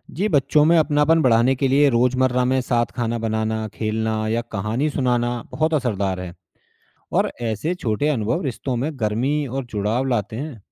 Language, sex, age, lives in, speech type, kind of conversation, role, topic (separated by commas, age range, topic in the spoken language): Hindi, male, 35-39, India, read, expert-assistant, expert, गाँव की जीवनशैली और शहर की जीवनशैली—किसका सांस्कृतिक असर हमारे व्यवहार पर ज़्यादा पड़ता है?